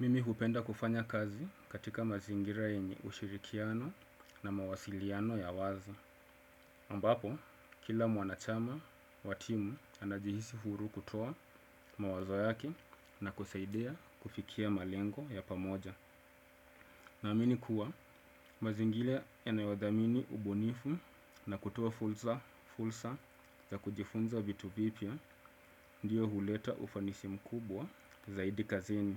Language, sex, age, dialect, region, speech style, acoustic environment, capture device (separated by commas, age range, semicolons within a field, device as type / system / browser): Swahili, male, 25-34, Kenya - Mombasa, Kenya, excited; focused; relaxed; happy, silence, desktop / Windows / Chrome